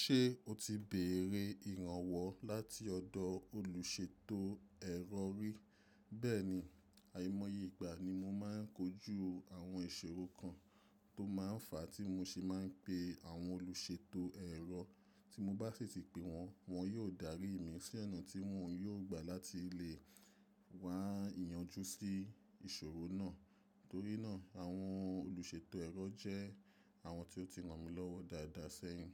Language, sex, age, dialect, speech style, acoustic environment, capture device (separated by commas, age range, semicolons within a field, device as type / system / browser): Yoruba, male, 25-34, Nigeria - Nigerian Standard, relaxed, silence, mobile / macOS / Safari